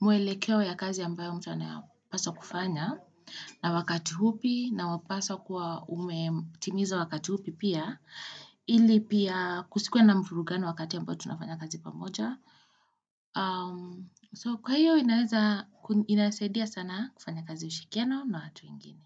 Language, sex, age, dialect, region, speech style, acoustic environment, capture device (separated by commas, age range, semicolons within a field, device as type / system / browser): Swahili, female, 25-34, Kenya - Nairobi Sheng-influenced, Kenya, anxious, people talking; nature, desktop / Windows / Chrome